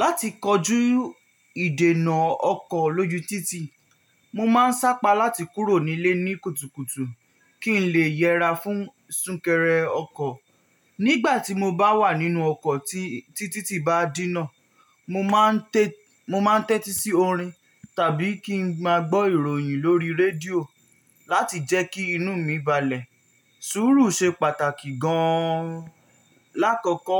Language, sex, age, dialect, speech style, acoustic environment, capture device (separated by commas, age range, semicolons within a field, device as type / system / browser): Yoruba, male, 18-24, Nigeria - Nigerian Standard, relaxed, silence; nature, mobile / Linux / Chrome